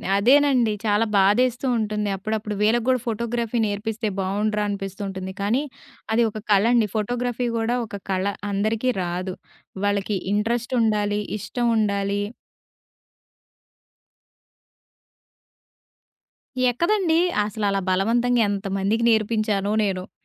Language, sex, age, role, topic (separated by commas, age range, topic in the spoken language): Telugu, female, 20-24, guest, ఫోటోగ్రఫీ నేర్చుకోవడంలో మీకు ఎక్కువగా ఉపయోగపడిన చిట్కాలు ఏమిటి?